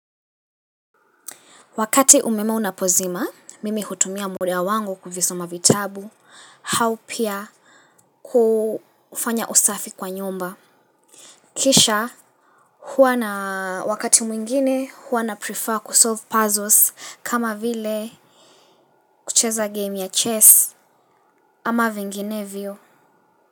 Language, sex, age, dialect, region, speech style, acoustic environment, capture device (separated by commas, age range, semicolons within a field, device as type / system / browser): Swahili, female, 18-24, Kenya - Mombasa, Kenya, relaxed, nature, mobile / Linux / Chrome